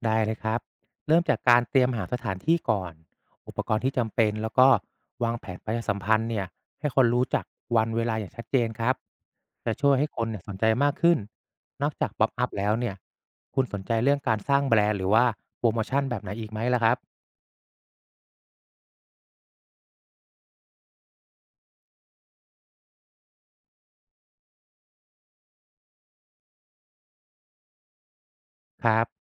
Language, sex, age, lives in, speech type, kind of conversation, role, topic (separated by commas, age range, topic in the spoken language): Thai, male, 35-39, Thailand, read, expert-assistant, expert, ถ้าอยากทำธุรกิจให้แตกต่างจากคนอื่น ควรฝึกทักษะอะไรหรือมีวิธีคิดแบบไหนบ้าง?